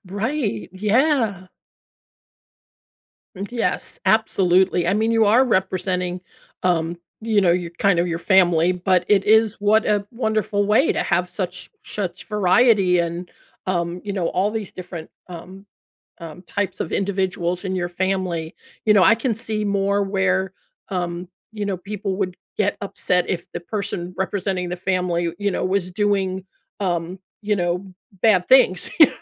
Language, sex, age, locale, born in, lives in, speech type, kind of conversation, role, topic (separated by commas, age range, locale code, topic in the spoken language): English, female, 60-64, en-US, United States, United States, conversation, podcast, host, What helps families build trust and communicate more openly with each other?